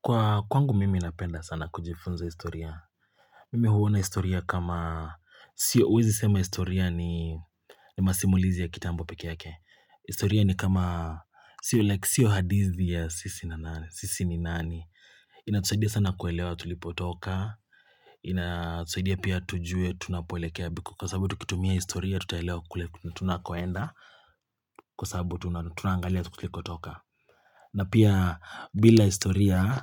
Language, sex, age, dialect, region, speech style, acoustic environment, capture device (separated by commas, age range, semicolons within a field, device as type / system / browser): Swahili, male, 25-34, Kenya - Nairobi Sheng-influenced, Kenya, relaxed, silence, mobile / Linux / Chrome